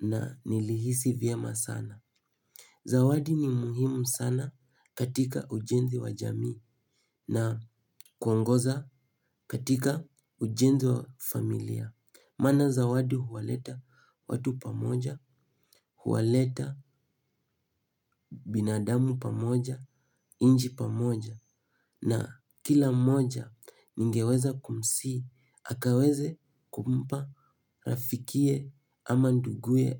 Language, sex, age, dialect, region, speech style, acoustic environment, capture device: Swahili, male, 18-24, Kenya - Nairobi Sheng-influenced, Kenya, happy, silence, mobile / Linux / Chrome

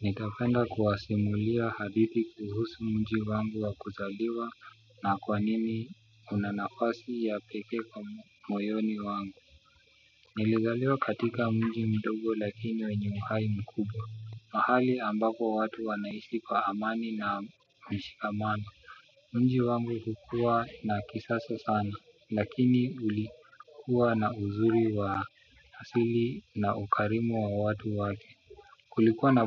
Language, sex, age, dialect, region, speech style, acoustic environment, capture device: Swahili, male, 25-34, Kenya - Nairobi Sheng-influenced, Kenya, excited, music, desktop / Windows / Chrome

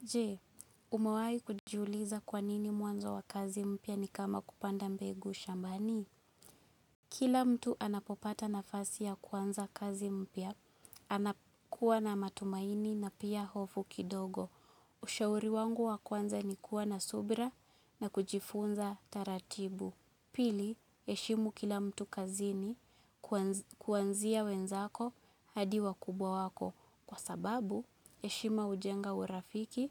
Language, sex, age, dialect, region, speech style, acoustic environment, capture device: Swahili, female, 25-34, Kenya - Nairobi Sheng-influenced, Kenya, focused; nervous, silence, mobile / Linux / Chrome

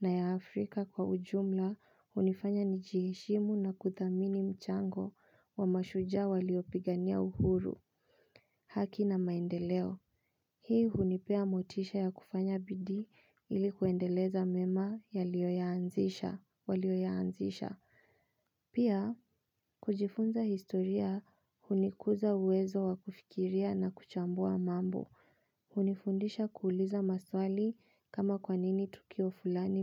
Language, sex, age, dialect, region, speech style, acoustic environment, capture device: Swahili, female, 25-34, Kenya - Nairobi Sheng-influenced, Kenya, relaxed; focused, silence, mobile / Linux / Chrome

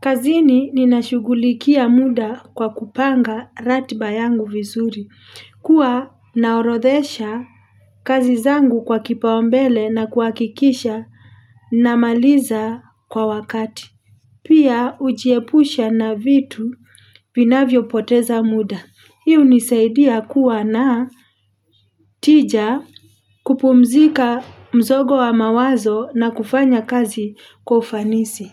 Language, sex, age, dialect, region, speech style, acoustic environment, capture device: Swahili, female, 35-44, Kenya - Nairobi Sheng-influenced, Kenya, relaxed; happy; excited; focused, people talking; traffic; construction; appliances; nature, mobile / Linux / Chrome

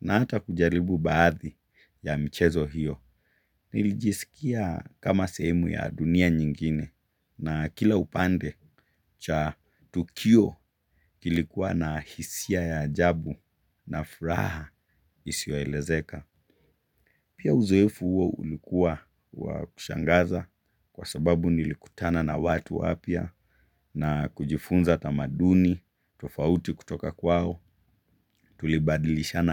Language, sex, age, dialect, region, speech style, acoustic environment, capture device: Swahili, male, 25-34, Kenya - Mombasa, Kenya, relaxed; focused, silence, mobile / Linux / Chrome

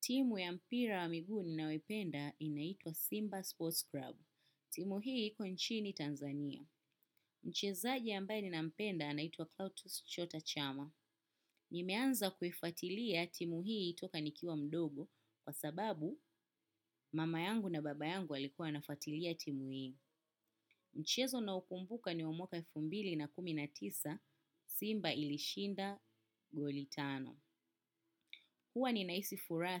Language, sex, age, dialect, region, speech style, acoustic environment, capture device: Swahili, female, 25-34, Kenya - Nairobi Sheng-influenced, Kenya, happy; focused; relaxed; excited, silence, desktop / macOS / Chrome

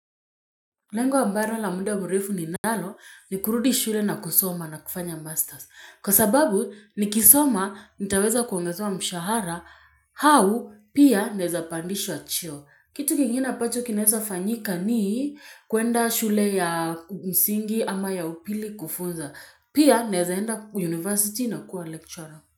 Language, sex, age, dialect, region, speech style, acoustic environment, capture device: Swahili, female, 25-34, Kenya - Nairobi Sheng-influenced, Kenya, nervous, people talking, mobile / Linux / Chrome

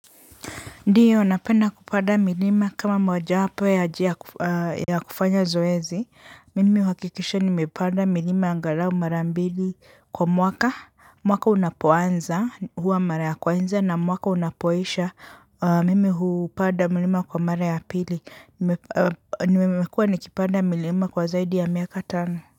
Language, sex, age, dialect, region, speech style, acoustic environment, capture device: Swahili, male, 35-44, Kenya - Nairobi Sheng-influenced, Kenya, relaxed, silence, mobile / Linux / Chrome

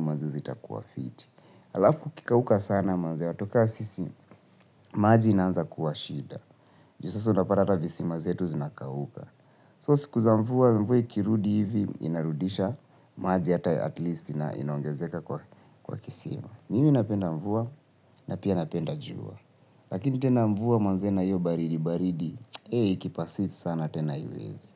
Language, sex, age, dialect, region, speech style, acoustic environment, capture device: Swahili, male, 25-34, Kenya - Nairobi Sheng-influenced, Kenya, tired, silence; nature, mobile / Linux / Chrome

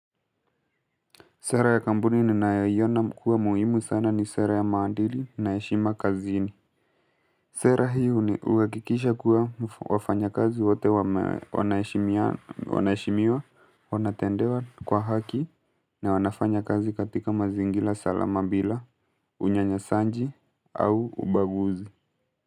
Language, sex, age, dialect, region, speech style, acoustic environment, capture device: Swahili, male, 18-24, Kenya - Mombasa, Kenya, relaxed, silence, mobile / Linux / Chrome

